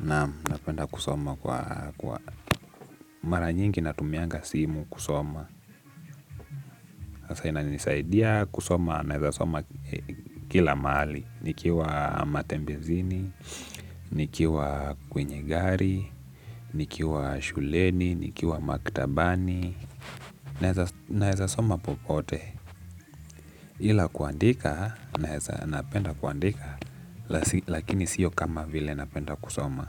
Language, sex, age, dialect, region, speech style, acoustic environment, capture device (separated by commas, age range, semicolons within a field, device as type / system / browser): Swahili, male, 18-24, Kenya - Nairobi Sheng-influenced, Kenya, relaxed, nature; music, desktop / Linux / Chrome